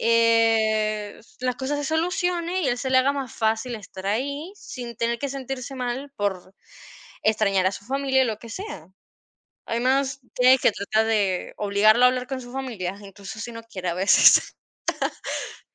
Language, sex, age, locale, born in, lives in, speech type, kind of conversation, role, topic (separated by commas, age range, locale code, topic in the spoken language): Spanish, female, 50-54, es-VE, Venezuela, Portugal, conversation, advice, advisor, ¿Cómo puedo adaptarme a una nueva cultura al mudarme a otro país por trabajo o por mi pareja?